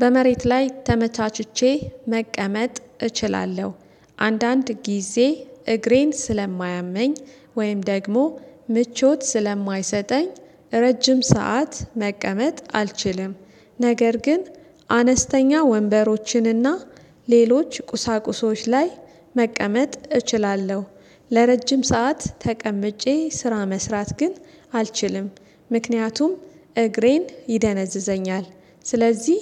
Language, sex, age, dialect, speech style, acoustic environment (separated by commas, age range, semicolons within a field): Amharic, female, 35-44, Ethiopia - Addis Ababa, relaxed, silence